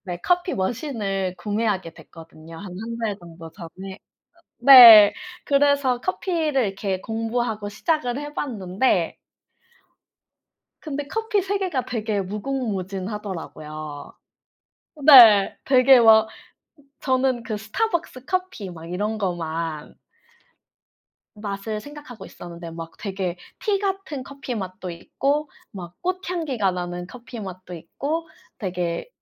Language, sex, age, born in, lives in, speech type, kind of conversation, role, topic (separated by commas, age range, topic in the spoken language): Korean, female, 25-29, South Korea, Germany, conversation, unstructured, none, 커피와 차 중 어느 쪽을 더 좋아하시나요?